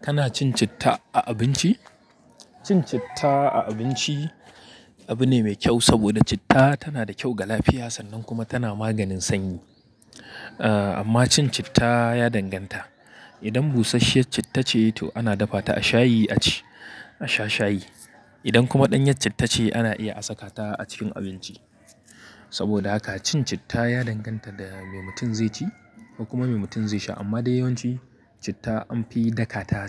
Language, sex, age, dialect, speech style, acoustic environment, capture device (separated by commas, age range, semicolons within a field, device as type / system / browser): Hausa, male, 25-34, Nigeria - Kano, happy; tired; anxious, people talking; nature, mobile / Linux / Chrome